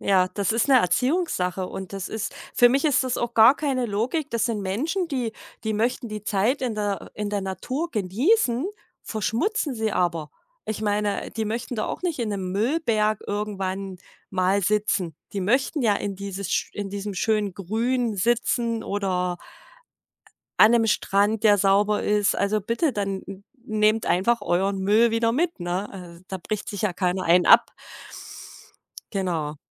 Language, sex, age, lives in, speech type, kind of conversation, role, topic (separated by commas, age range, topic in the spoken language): German, female, 40-44, Germany, conversation, podcast, guest, Wie bringt dich die Natur wieder zur Ruhe?